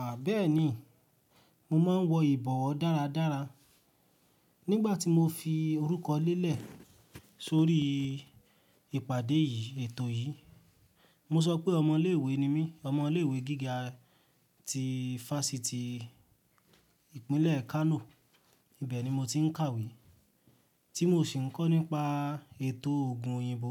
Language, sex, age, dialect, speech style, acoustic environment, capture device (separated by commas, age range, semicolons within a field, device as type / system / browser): Yoruba, male, 18-24, Nigeria - Ibadan, happy; relaxed; focused, silence; nature, mobile / Linux / Chrome